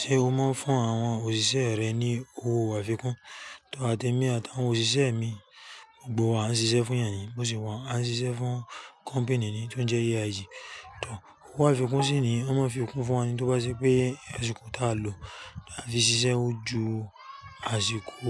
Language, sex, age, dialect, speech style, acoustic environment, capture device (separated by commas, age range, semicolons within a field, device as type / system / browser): Yoruba, male, 35-44, Nigeria - Lagos Yoruba, excited, nature, mobile / Linux / Chrome